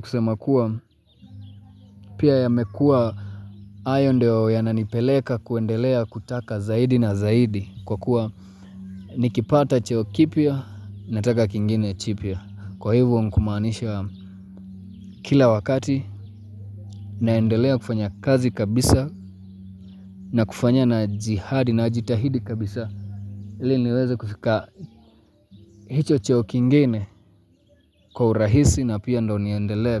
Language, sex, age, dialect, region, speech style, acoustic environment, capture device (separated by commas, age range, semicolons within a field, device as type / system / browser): Swahili, male, 25-34, Kenya - Mombasa, Kenya, happy; relaxed, nature, mobile / Linux / Chrome